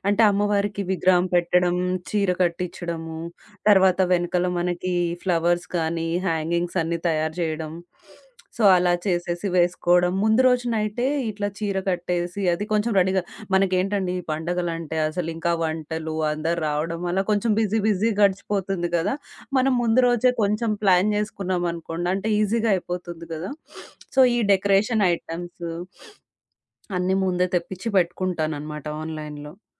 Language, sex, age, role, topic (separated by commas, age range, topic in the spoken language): Telugu, female, 30-34, guest, దీపావళి లేదా సంక్రాంతి సమయంలో ఇంటిని అలంకరించేటప్పుడు పాటించాల్సిన ప్రత్యేక సూత్రాలు ఏమిటి?